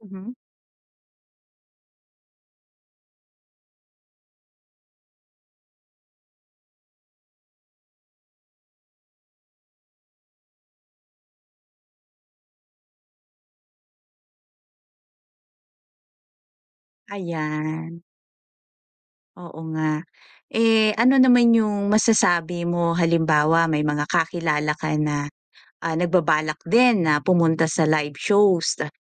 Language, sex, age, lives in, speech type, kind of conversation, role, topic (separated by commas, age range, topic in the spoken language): Filipino, female, 40-44, Philippines, conversation, podcast, host, Anong karanasan mo sa konsiyerto ang hindi mo malilimutan?